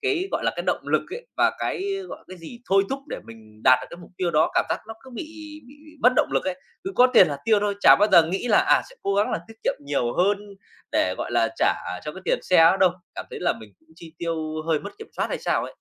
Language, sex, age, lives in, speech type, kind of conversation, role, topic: Vietnamese, male, 30-34, Vietnam, conversation, advice, user, Làm sao để khi được tăng lương, tôi vẫn tăng được khoản tiết kiệm thay vì chi tiêu nhiều hơn?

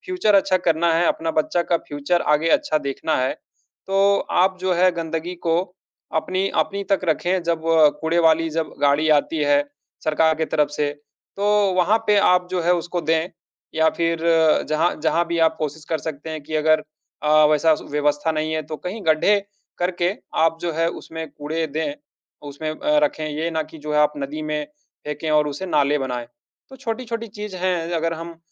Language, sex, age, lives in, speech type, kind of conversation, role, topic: Hindi, male, 30-34, India, conversation, unstructured, none, पर्यटन के कारण प्राकृतिक सुंदरता में कमी देखकर आपको कैसा महसूस होता है?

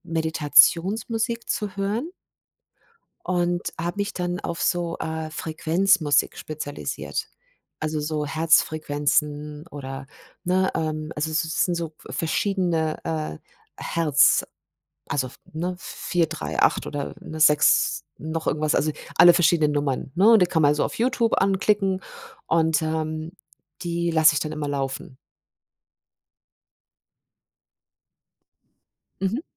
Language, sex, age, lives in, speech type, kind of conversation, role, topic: German, female, 50-54, Germany, conversation, podcast, guest, Was hilft dir beim Einschlafen, wenn der Kopf nicht abschaltet?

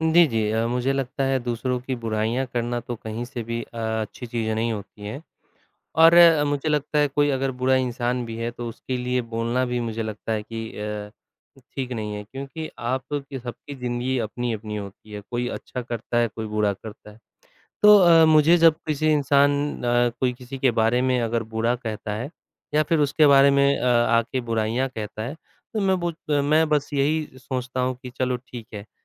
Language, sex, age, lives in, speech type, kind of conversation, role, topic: Hindi, male, 25-29, India, conversation, unstructured, none, दूसरों की बुराइयाँ सुनकर आपको कैसा महसूस होता है?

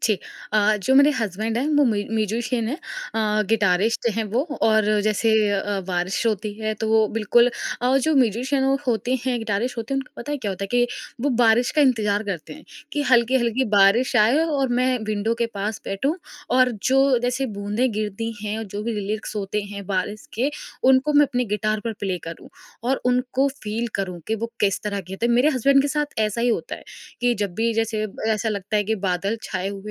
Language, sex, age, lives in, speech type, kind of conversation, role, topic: Hindi, female, 20-24, India, conversation, podcast, guest, Monsoon ki pehli baarish ka woh pal tumhe itna yaad kyun reh jaata hai?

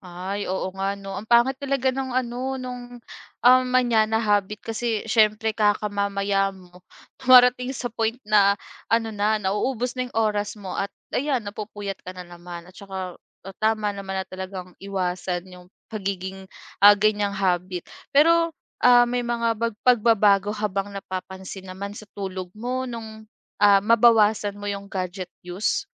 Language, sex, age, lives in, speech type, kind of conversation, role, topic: Filipino, female, 25-29, Philippines, conversation, podcast, host, Ano ang epekto ng labis na oras sa harap ng ekran sa kalidad ng tulog mo?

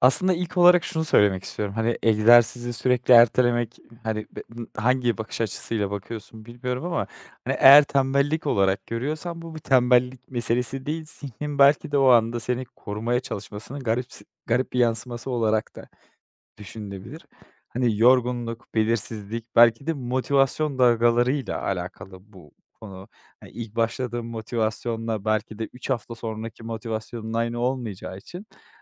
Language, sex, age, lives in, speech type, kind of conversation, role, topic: Turkish, male, 25-29, Netherlands, conversation, advice, advisor, Egzersiz yapmayı neden sürekli erteliyorum?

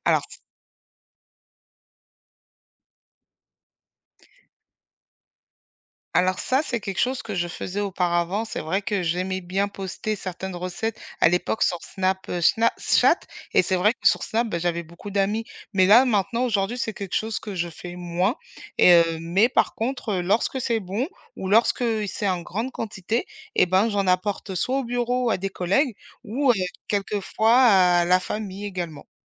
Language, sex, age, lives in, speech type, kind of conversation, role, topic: French, female, 35-39, France, conversation, podcast, guest, Comment t’organises-tu pour préparer des repas faciles en semaine ?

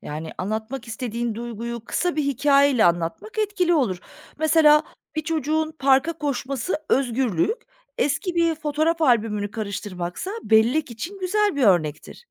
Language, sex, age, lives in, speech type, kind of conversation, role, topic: Turkish, female, 40-44, Germany, read, expert-assistant, expert, Evrenle ilgili öğrendiğim ilginç bilgileri, kafamda daha düzenli tutmak için ne yapabilirim?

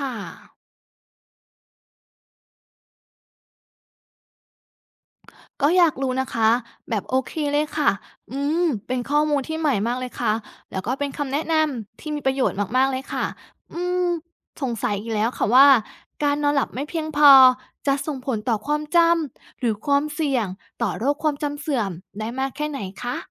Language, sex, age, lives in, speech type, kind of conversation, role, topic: Thai, female, 30-34, Thailand, read, expert-assistant, asker, การเล่นเกมฝึกสมองช่วยป้องกันโรคความจำเสื่อมหรือไม่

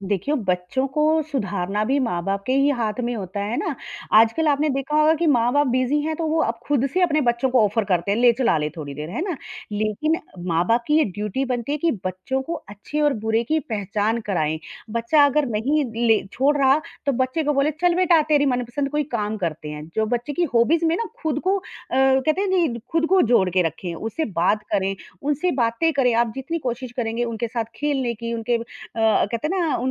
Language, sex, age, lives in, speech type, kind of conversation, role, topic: Hindi, female, 45-49, India, conversation, podcast, guest, Tum kabhi digital detox karte ho, aur usko kaise manage karte ho?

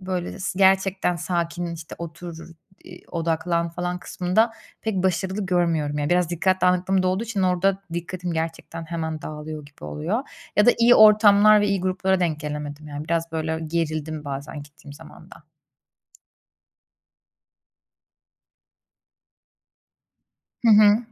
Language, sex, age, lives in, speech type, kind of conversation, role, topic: Turkish, female, 30-34, Germany, conversation, advice, user, Stresle başa çıkmak için yemek yemeye ya da bir şeyler içmeye yönelme alışkanlığınız hakkında neler anlatabilirsiniz?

